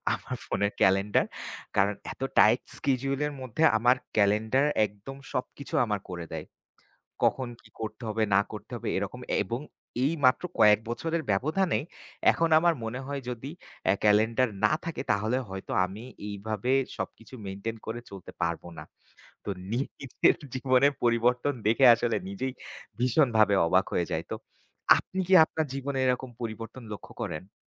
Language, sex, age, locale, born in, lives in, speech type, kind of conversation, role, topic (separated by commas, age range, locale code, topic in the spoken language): Bengali, male, 25-29, bn-BD, Bangladesh, Bangladesh, conversation, unstructured, none, ইন্টারনেট কীভাবে আমাদের যোগাযোগের ধরন বদলে দিয়েছে?